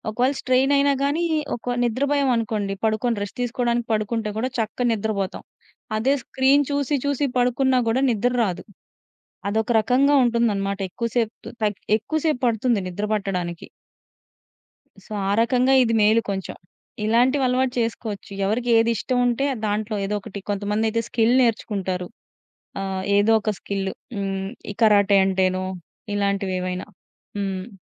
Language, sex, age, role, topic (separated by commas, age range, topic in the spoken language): Telugu, female, 30-34, guest, రోజూ స్క్రీన్‌కి కొంచెం దూరంగా ఉండేందుకు మీరు పాటించే చిన్న అలవాట్లు ఏమైనా ఉన్నాయా?